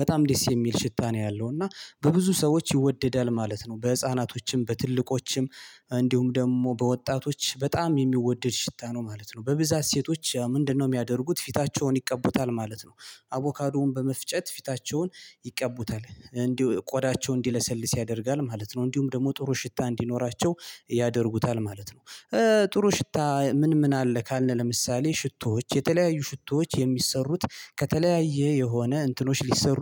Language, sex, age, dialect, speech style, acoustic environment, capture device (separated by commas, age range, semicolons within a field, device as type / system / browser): Amharic, male, 25-34, Ethiopia - Gondar, relaxed, silence, mobile / Linux / Chrome